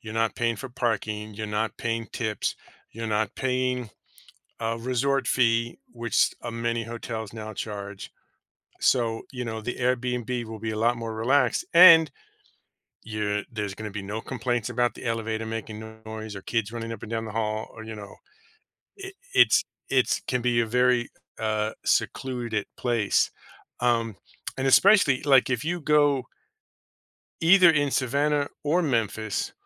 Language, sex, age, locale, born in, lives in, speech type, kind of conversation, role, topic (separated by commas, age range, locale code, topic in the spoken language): English, male, 50-54, en-US, United States, United States, conversation, advice, advisor, How can I plan a group vacation?